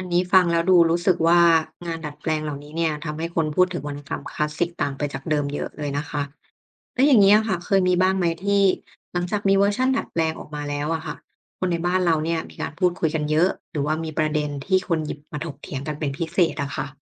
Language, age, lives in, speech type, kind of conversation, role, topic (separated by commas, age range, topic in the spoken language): Thai, 30-34, Thailand, read, expert-assistant, asker, ข้อมูลที่ว่าผลงานของเชกสเปียร์ถูกดัดแปลงเป็นวรรณกรรมในหลายประเทศจริงหรือ? มีตัวอย่างอะไรบ้างในเอเชียตะวันออกเฉียงใต้?